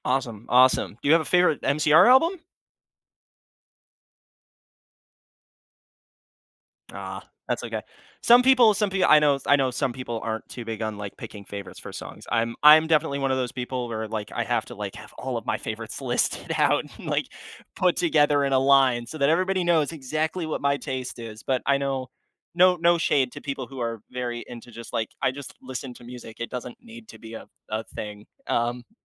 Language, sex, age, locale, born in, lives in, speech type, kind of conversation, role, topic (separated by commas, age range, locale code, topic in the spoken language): English, male, 20-24, en-US, United States, United States, conversation, podcast, host, How do friendships shape the way we discover and enjoy music?